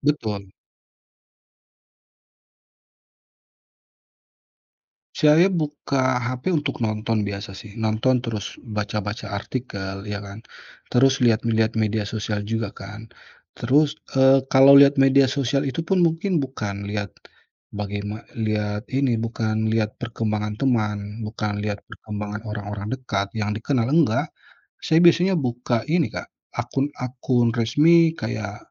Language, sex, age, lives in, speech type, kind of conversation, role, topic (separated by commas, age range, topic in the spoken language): Indonesian, male, 35-39, Indonesia, conversation, podcast, guest, Pernah coba pagi tanpa gawai, bagaimana rasanya?